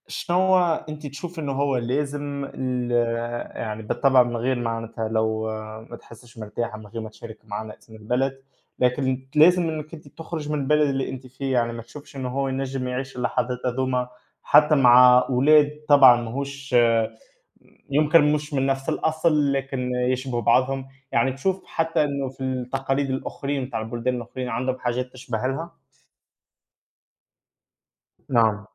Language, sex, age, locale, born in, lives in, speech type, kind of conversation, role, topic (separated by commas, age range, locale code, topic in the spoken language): Arabic, male, 20-24, ar-TN, Tunisia, Germany, conversation, unstructured, none, إيه أجمل ذكرى من طفولتك؟